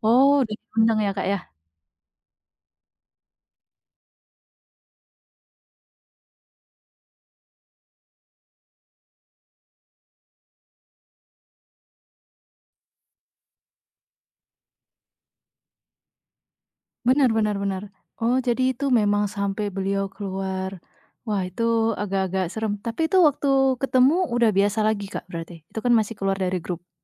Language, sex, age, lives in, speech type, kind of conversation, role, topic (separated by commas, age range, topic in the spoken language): Indonesian, female, 25-29, Indonesia, conversation, podcast, host, Bagaimana generasi muda di keluargamu berbeda dari generasi tua?